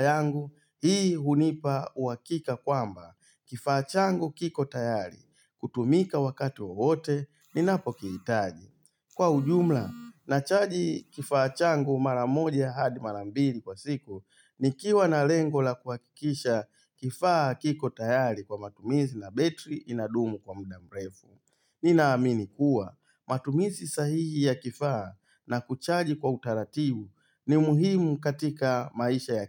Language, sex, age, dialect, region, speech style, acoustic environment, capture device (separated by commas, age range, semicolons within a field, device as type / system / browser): Swahili, male, 35-44, Tanzania - Dar es Salaam, Tanzania, happy; focused; excited, silence, mobile / Linux / Chrome